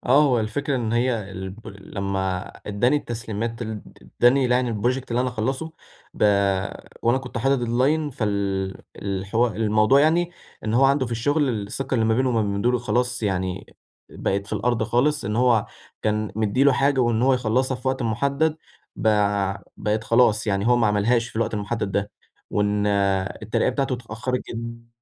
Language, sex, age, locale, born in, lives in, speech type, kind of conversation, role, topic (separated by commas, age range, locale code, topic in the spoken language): Arabic, male, 18-19, ar-EG, Egypt, Egypt, conversation, advice, user, إزاي أرجّع ثقة حد اتأذى بسبب غلطتي، وإيه الخطوات العملية اللي أعملها؟